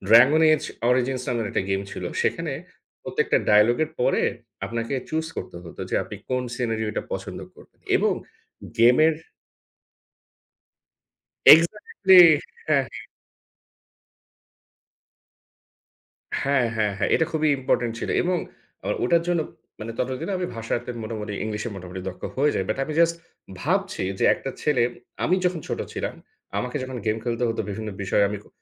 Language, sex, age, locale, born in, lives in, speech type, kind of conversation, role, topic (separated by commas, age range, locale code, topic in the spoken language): Bengali, male, 30-34, bn-BD, Bangladesh, Bangladesh, conversation, unstructured, none, ভিডিও গেম খেলে কীভাবে নতুন ভাষা শেখা যায়?